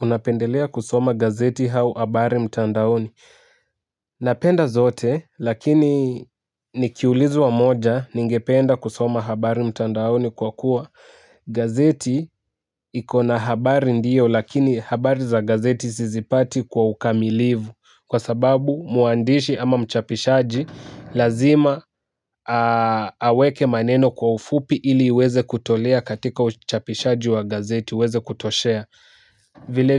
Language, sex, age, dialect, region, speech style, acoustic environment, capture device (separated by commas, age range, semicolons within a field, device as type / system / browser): Swahili, male, 18-24, Kenya - Nairobi Sheng-influenced, Kenya, excited, music; nature; people talking, mobile / Linux / Chrome